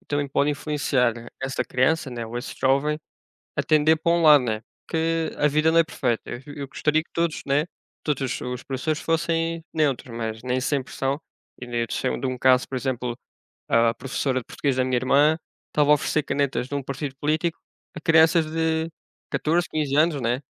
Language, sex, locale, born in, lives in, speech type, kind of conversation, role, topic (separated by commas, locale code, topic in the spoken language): Portuguese, male, pt-PT, Portugal, Portugal, conversation, unstructured, none, Você acredita que os jovens deveriam se envolver mais na política?